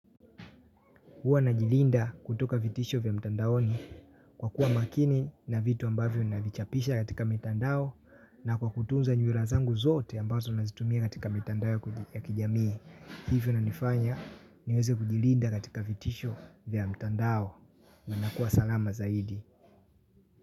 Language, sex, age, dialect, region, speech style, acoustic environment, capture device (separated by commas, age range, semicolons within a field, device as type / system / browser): Swahili, male, 18-24, Tanzania - Dar es Salaam, Tanzania, happy; relaxed, people talking; silence, mobile / Linux / Chrome